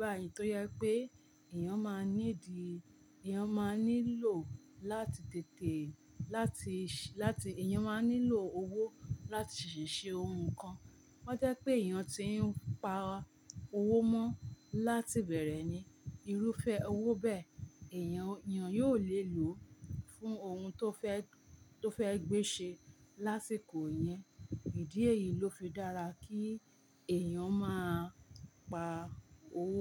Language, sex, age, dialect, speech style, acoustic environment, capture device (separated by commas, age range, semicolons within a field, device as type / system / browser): Yoruba, female, 45-54, Nigeria - Ibadan, relaxed, appliances, mobile / Linux / Chrome